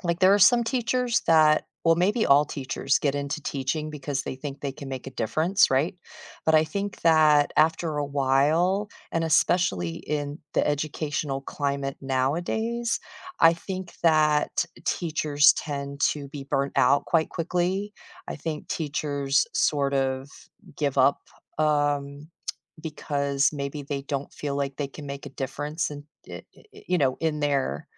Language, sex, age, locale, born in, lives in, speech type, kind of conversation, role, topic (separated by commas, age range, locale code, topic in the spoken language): English, female, 50-54, en-US, United States, United States, conversation, unstructured, none, Why do some teachers seem unfair to certain students?